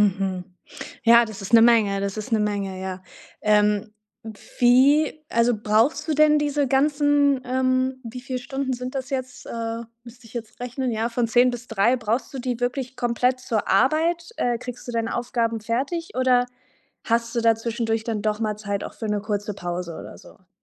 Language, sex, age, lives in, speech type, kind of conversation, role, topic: German, female, 25-29, Germany, conversation, advice, advisor, Wie kann ich trotz meiner Kinder oder fehlender Kinderbetreuung eine Trainingsroutine beibehalten?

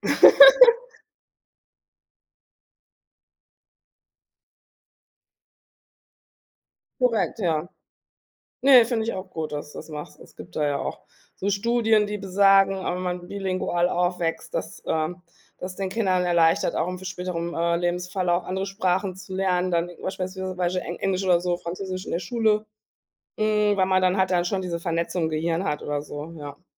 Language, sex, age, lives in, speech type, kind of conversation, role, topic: German, female, 40-44, Germany, conversation, podcast, host, Wie bringst du deinen Kindern deine Muttersprache bei?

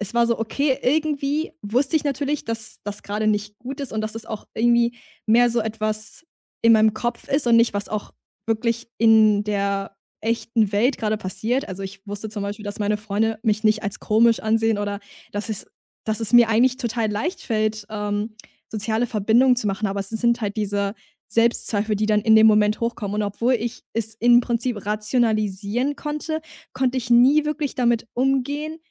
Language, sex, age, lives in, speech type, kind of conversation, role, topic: German, female, 20-24, Germany, conversation, podcast, guest, Was war dein größter emotionaler Lernmoment?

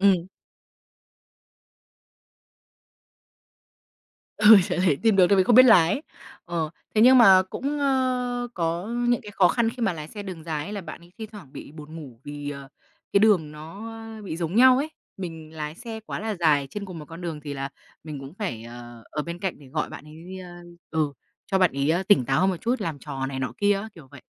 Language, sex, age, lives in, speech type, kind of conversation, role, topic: Vietnamese, female, 25-29, Vietnam, conversation, podcast, guest, Ký ức đáng nhớ nhất của bạn về thiên nhiên là gì?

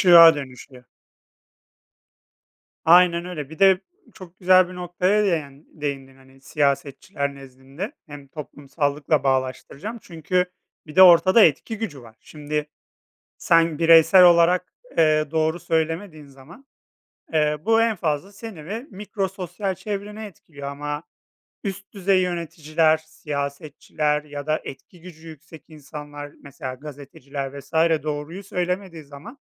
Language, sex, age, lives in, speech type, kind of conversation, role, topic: Turkish, male, 30-34, Poland, conversation, unstructured, none, İnsanlar her zaman doğruyu söylemeli mi?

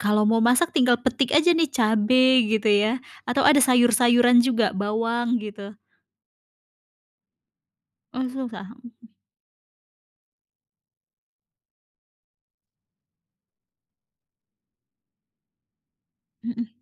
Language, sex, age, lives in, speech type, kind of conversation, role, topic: Indonesian, female, 30-34, Indonesia, conversation, podcast, host, Bagaimana pengalamanmu merawat tanaman di rumah?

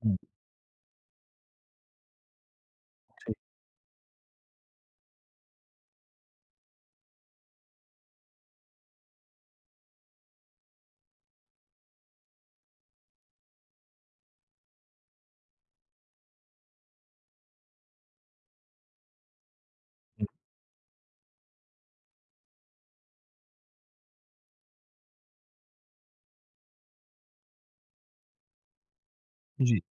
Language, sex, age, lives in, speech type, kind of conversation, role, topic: Hindi, male, 30-34, India, conversation, advice, user, मैं परिवार की उम्मीदों के बीच अपने रिश्तों में स्वस्थ सीमाएँ कैसे तय करूँ?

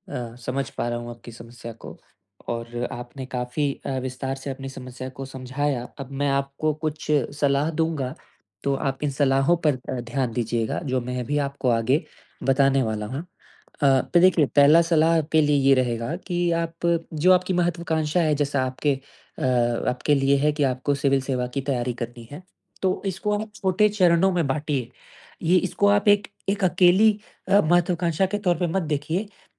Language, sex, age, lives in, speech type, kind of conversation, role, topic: Hindi, male, 20-24, India, conversation, advice, advisor, मैं अपनी बड़ी महत्वाकांक्षाओं को यथार्थवादी और हासिल किए जा सकने वाले लक्ष्यों में कैसे बदलूँ?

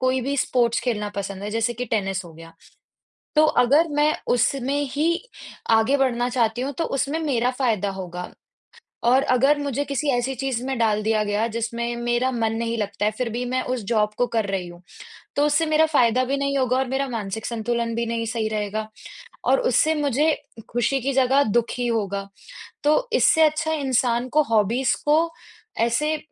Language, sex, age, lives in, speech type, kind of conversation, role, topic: Hindi, female, 20-24, India, conversation, unstructured, none, हॉबी सीखने से आपके जीवन में क्या बदलाव आए हैं?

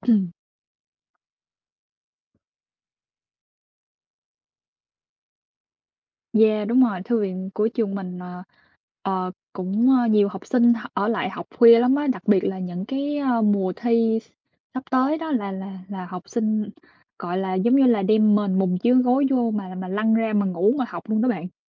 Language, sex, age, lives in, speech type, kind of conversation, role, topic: Vietnamese, female, 25-29, United States, conversation, unstructured, none, Bạn thường chọn học vào buổi sáng hay buổi tối, và vì sao?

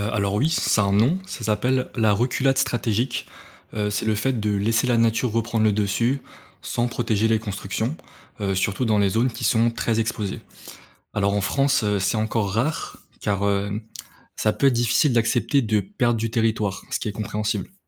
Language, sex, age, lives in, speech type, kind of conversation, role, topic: French, male, 20-24, France, read, expert-assistant, expert, Quelles solutions peut-on envisager pour limiter l’érosion du littoral en France, face à la montée du niveau de la mer ?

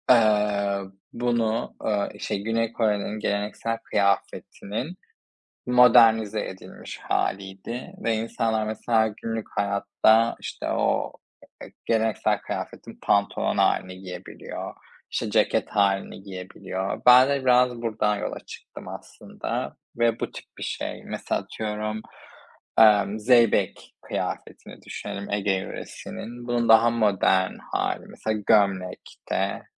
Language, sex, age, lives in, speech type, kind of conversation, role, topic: Turkish, male, 25-29, Spain, conversation, unstructured, none, Gelecekte hangi mesleği yapmak istiyorsun ve hayalindeki kariyer nasıl bir şey?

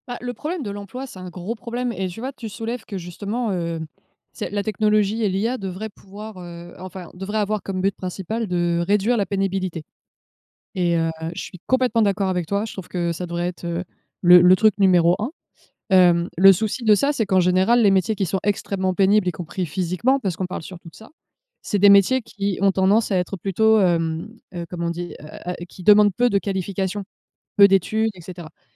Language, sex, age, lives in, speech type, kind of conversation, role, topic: French, female, 30-34, France, conversation, unstructured, none, Avez-vous peur de perdre votre emploi à cause des machines ?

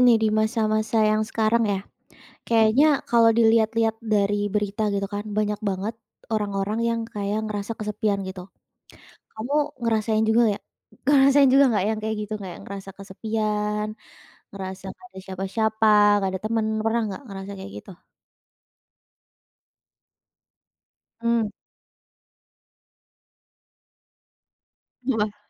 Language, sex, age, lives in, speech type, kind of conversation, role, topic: Indonesian, female, 20-24, Indonesia, conversation, podcast, host, Pernahkah kamu merasa kesepian, dan bagaimana caramu bangkit dari perasaan itu?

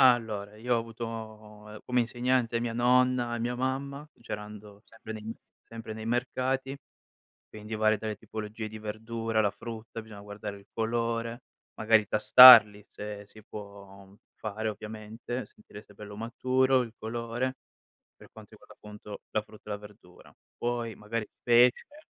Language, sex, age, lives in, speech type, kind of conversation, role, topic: Italian, male, 25-29, Italy, conversation, podcast, guest, Come scegli gli ingredienti freschi al mercato o al supermercato?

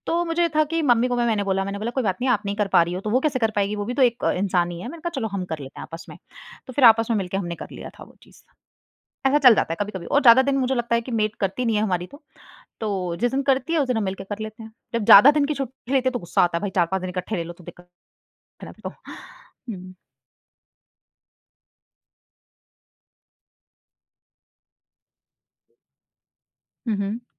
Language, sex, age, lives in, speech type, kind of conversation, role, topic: Hindi, female, 25-29, India, conversation, podcast, guest, Tumhare yahan ghar ke kaam baantne ka system kaisa hai?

